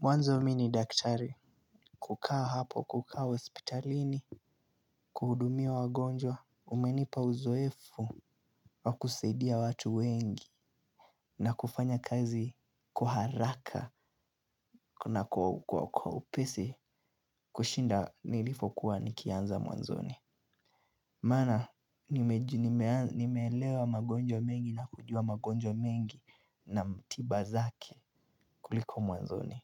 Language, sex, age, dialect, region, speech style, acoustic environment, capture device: Swahili, male, 18-24, Kenya - Nairobi Sheng-influenced, Kenya, relaxed, nature, mobile / Linux / Chrome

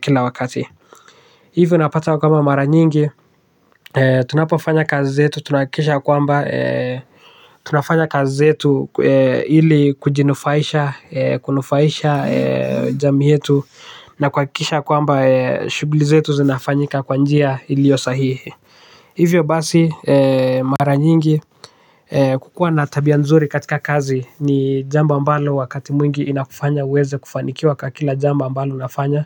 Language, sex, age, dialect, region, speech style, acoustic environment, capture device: Swahili, male, 18-24, Kenya - Nairobi Sheng-influenced, Kenya, relaxed; focused, silence, desktop / Linux / Chrome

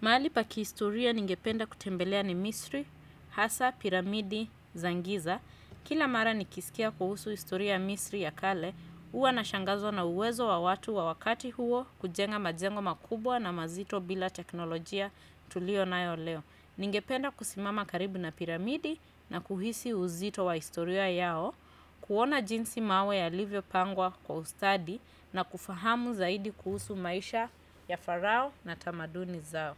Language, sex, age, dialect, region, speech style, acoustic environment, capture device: Swahili, female, 35-44, Kenya - Nairobi Sheng-influenced, Kenya, happy, silence, desktop / Windows / Chrome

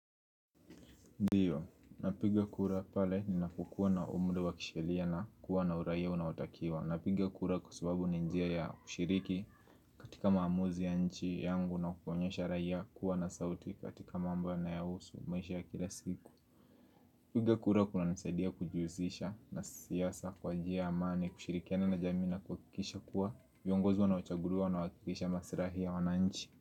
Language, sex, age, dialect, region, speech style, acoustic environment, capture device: Swahili, male, 18-24, Kenya - Nairobi Sheng-influenced, Kenya, happy; nervous, people talking; music; nature, mobile / Linux / Chrome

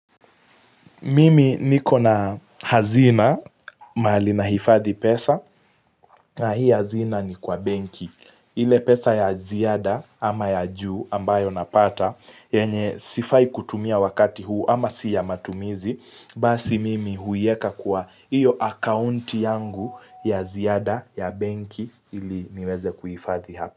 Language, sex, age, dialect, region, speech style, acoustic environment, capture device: Swahili, male, 18-24, Kenya - Mombasa, Kenya, focused, nature; silence, mobile / Linux / Chrome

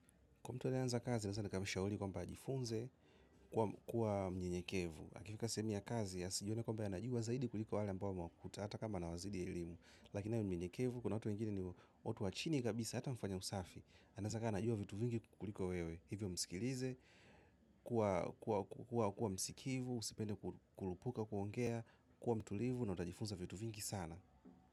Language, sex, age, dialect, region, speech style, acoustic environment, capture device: Swahili, male, 25-34, Tanzania - Dar es Salaam, Tanzania, happy; focused, silence, mobile / macOS / Safari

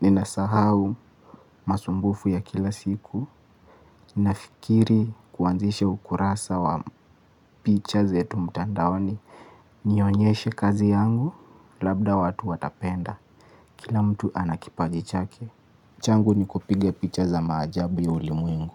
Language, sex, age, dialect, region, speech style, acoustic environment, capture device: Swahili, male, 25-34, Kenya - Mombasa, Kenya, focused, nature, mobile / Linux / Chrome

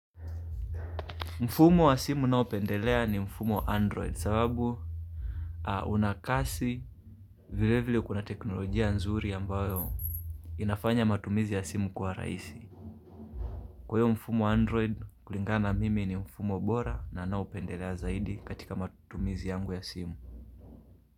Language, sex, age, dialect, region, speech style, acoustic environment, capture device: Swahili, male, 25-34, Kenya - Mombasa, Kenya, relaxed; focused, silence, mobile / Linux / Chrome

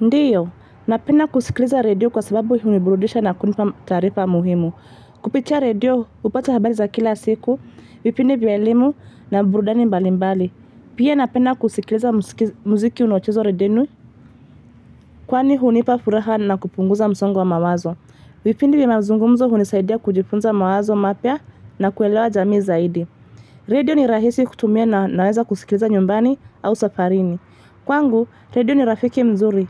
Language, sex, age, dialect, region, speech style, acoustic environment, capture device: Swahili, female, 25-34, Kenya - Nairobi Sheng-influenced, Kenya, excited, traffic, mobile / Linux / Chrome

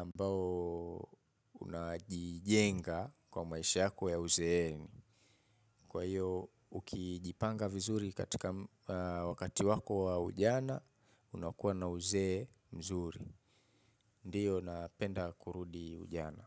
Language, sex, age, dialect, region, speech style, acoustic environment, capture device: Swahili, male, 25-34, Kenya - Mombasa, Kenya, relaxed, nature, mobile / Linux / Chrome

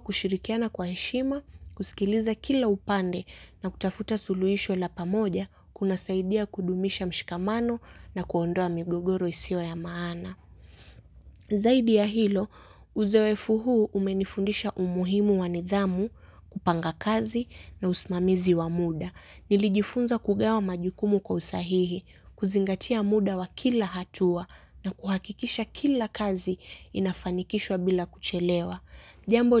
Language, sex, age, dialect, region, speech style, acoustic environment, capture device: Swahili, female, 18-24, Kenya - Mombasa, Kenya, relaxed, nature, mobile / Linux / Chrome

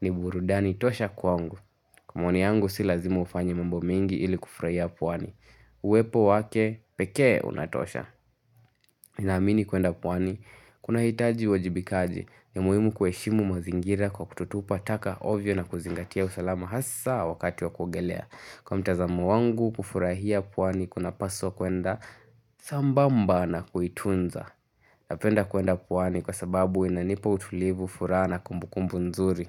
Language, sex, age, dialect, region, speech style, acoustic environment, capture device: Swahili, male, 18-24, Kenya - Nairobi Sheng-influenced, Kenya, happy, nature, mobile / Linux / Chrome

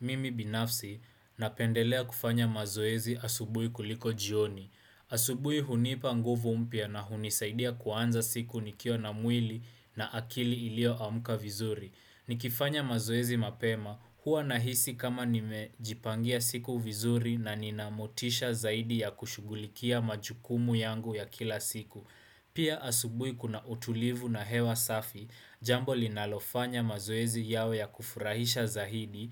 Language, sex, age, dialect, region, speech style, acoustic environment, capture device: Swahili, male, 18-24, Kenya - Nairobi Sheng-influenced, Kenya, focused, silence, desktop / Windows / Chrome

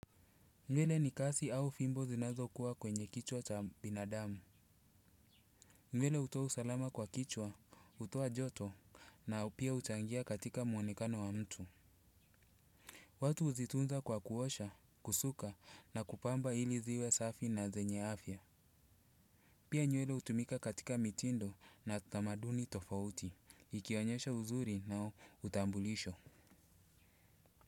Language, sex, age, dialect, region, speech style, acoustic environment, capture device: Swahili, male, 35-44, Kenya - Mombasa, Kenya, relaxed, nature, desktop / Windows / Chrome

